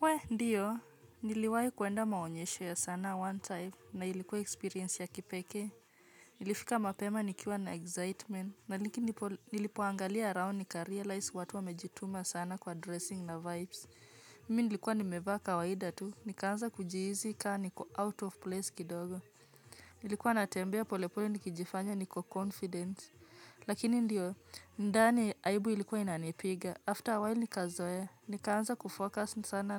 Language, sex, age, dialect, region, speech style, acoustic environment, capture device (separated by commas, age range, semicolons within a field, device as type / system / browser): Swahili, female, 25-34, Kenya - Nairobi Sheng-influenced, Kenya, happy; anxious; relaxed; focused, nature, mobile / Linux / Chrome